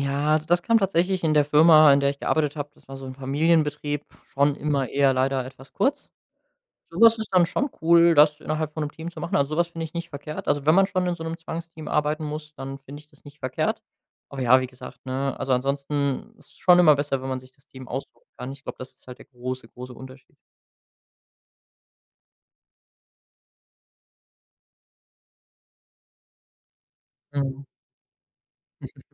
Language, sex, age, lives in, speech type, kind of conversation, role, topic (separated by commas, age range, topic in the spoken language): German, male, 25-29, Germany, conversation, podcast, guest, Arbeitest du lieber allein oder im Team, und warum?